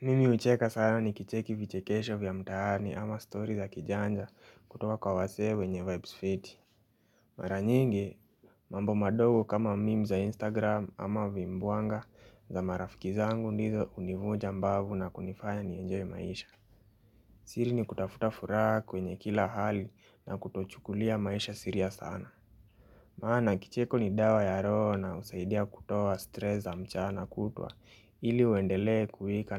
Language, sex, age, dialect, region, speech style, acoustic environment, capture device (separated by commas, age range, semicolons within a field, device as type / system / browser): Swahili, male, 18-24, Kenya - Nairobi Sheng-influenced, Kenya, happy; relaxed; focused; excited, nature; appliances, mobile / Linux / Chrome